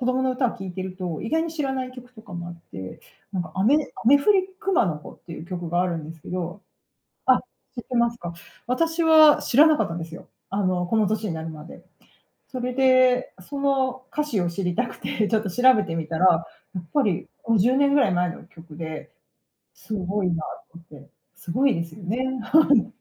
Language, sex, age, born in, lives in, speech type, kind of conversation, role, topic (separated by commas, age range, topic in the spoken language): Japanese, female, 40-44, Japan, United States, conversation, podcast, guest, 最近よく聴いている曲は何ですか？なぜその曲をよく聴いているのですか？